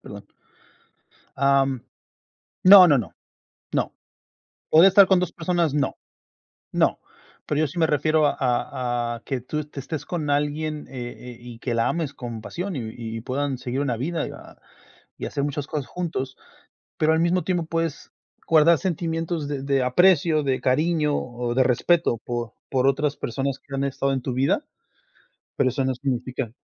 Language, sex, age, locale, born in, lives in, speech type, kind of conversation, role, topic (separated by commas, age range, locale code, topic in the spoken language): Spanish, male, 40-44, es-MX, Mexico, United States, conversation, unstructured, none, ¿Se puede amar a más de una persona a la vez?